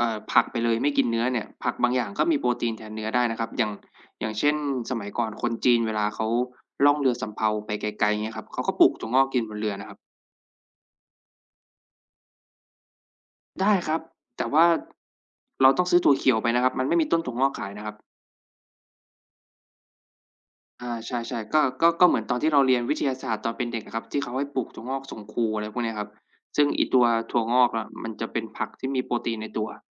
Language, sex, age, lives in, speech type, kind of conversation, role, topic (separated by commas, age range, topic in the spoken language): Thai, male, 25-29, Thailand, conversation, unstructured, none, ถ้าคุณต้องโน้มน้าวเพื่อนให้กินผัก คุณจะพูดอย่างไร?